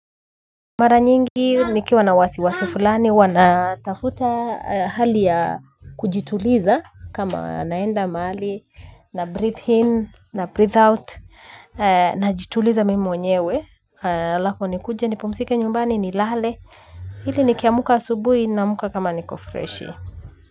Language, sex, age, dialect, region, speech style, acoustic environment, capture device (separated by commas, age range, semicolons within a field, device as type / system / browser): Swahili, female, 25-34, Kenya - Nairobi Sheng-influenced, Kenya, focused, nature, mobile / Linux / Chrome